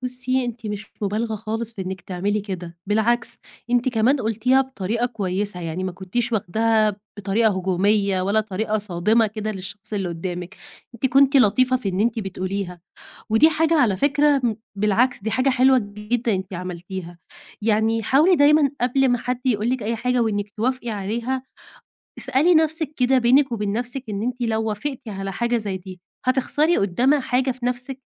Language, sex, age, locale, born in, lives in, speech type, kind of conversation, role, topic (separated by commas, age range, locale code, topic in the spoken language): Arabic, female, 20-24, ar-EG, Egypt, Egypt, conversation, advice, advisor, بتحس بإيه لما بتحاول ترضي الناس دايمًا وبتهمّل احتياجاتك؟